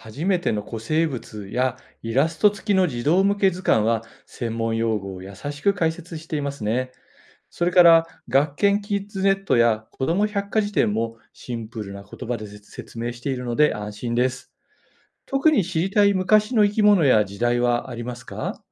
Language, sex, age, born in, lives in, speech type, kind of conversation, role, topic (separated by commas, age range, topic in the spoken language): Japanese, male, 55-59, Japan, Japan, read, expert-assistant, expert, 古生物の知識を深めたいとき、どんな学び方が役立ちますか？